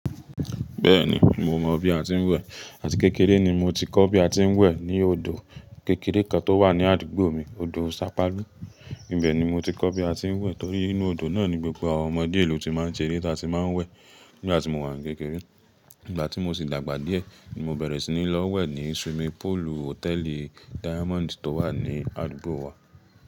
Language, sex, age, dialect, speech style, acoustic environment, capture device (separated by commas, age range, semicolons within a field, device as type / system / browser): Yoruba, male, 25-34, Nigeria - Ibadan, relaxed, silence, mobile / Linux / Chrome